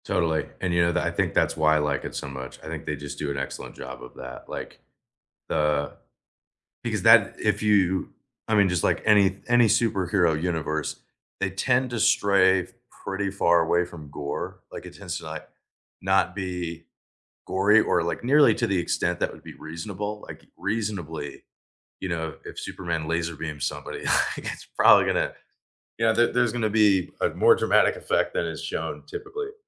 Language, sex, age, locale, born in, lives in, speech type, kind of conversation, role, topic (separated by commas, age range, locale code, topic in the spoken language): English, male, 25-29, en-US, United States, United States, conversation, unstructured, none, What should you watch next?